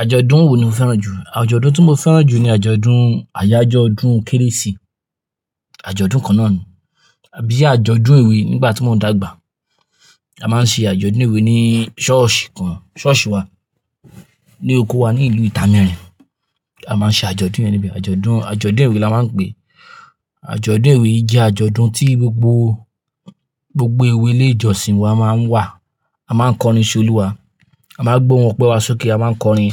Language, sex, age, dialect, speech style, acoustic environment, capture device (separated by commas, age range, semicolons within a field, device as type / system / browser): Yoruba, male, 25-34, Nigeria - Ibadan, happy; anxious, nature, mobile / Linux / Chrome